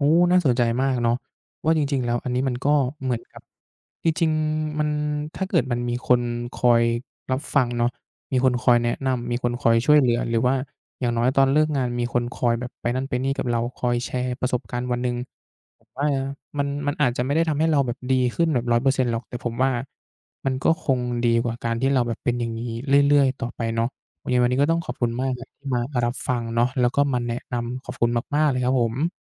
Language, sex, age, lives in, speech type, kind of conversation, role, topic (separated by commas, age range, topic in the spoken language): Thai, male, 20-24, Thailand, conversation, advice, user, ฉันรู้สึกหมดไฟกับงานทุกวันและไม่รู้ว่าจะเริ่มฟื้นตัวยังไงดี?